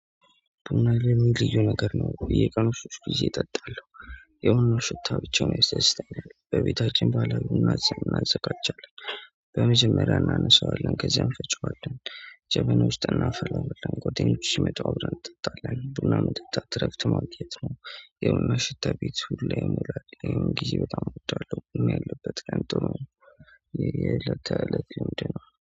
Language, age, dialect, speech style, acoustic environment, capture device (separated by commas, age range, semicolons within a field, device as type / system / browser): Amharic, 25-34, Ethiopia - Addis Ababa, happy, nature, mobile / Android / Chrome